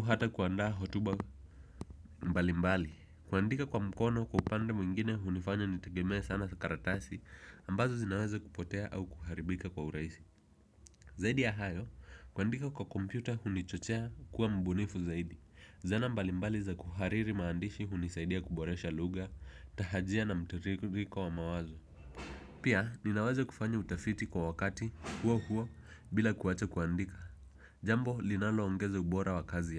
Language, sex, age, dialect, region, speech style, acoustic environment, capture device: Swahili, male, 18-24, Kenya - Nairobi Sheng-influenced, Kenya, focused; relaxed, people talking, desktop / Windows / Edge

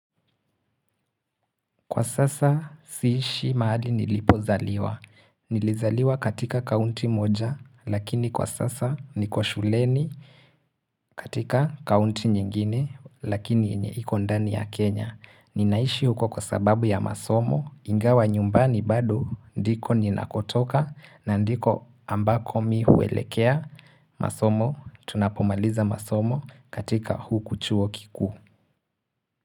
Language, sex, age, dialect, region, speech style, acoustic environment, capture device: Swahili, male, 18-24, Kenya - Mombasa, Kenya, relaxed, silence, mobile / Linux / Chrome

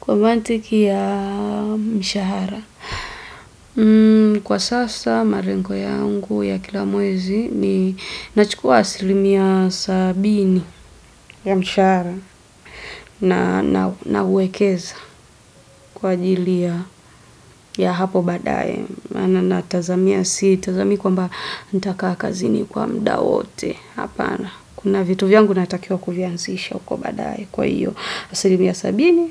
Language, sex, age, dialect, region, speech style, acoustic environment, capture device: Swahili, female, 25-34, Tanzania - Dar es Salaam, Tanzania, focused, silence, desktop / Windows / Edge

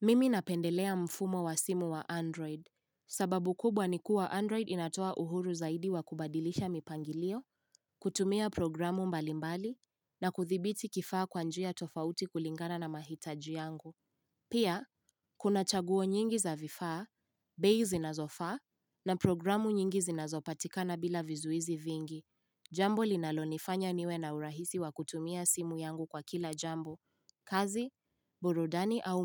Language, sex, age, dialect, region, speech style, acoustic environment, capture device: Swahili, female, 18-24, Kenya - Nairobi Sheng-influenced, Kenya, happy; focused; relaxed, silence, mobile / Linux / Chrome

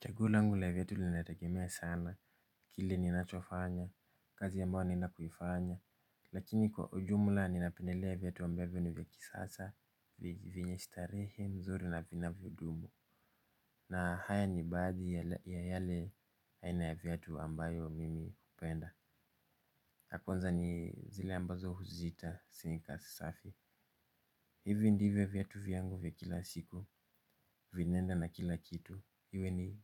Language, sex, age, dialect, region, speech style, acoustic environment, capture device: Swahili, male, 25-34, Kenya - Nairobi Sheng-influenced, Kenya, focused; relaxed, silence, mobile / Linux / Chrome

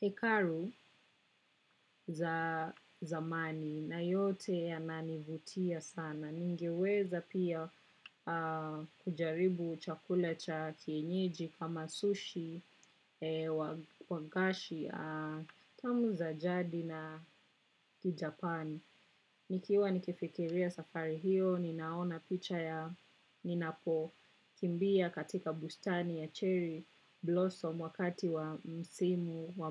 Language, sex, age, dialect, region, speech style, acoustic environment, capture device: Swahili, female, 25-34, Kenya - Nairobi Sheng-influenced, Kenya, relaxed, nature, desktop / macOS / Chrome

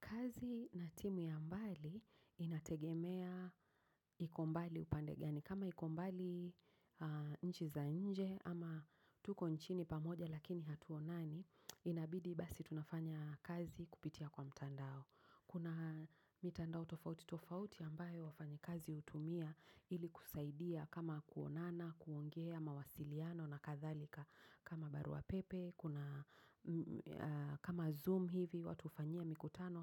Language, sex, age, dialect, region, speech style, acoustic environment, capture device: Swahili, female, 35-44, Kenya - Nairobi Sheng-influenced, Kenya, focused, silence; nature, mobile / macOS / Safari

